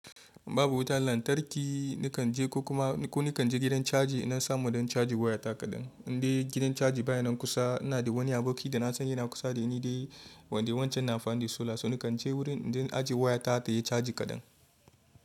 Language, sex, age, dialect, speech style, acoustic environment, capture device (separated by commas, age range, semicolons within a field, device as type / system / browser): Hausa, male, 25-34, Nigeria - Kano, happy, traffic, mobile / Linux / Chrome